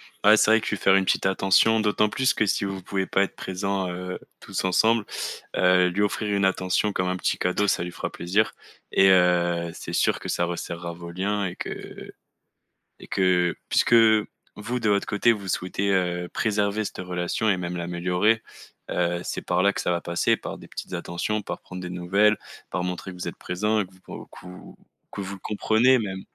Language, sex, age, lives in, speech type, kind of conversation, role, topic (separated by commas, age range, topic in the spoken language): French, male, 20-24, France, conversation, advice, advisor, Comment gérer le ressentiment et la rancœur envers un ami qui m’a déçu sans tout gâcher ?